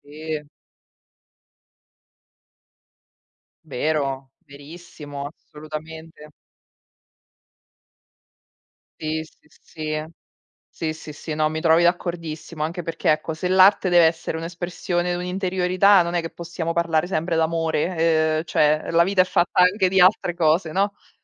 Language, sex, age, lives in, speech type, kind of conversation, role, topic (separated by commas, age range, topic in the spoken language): Italian, female, 35-39, Italy, conversation, unstructured, none, Come può l’arte aiutare a esprimere emozioni difficili?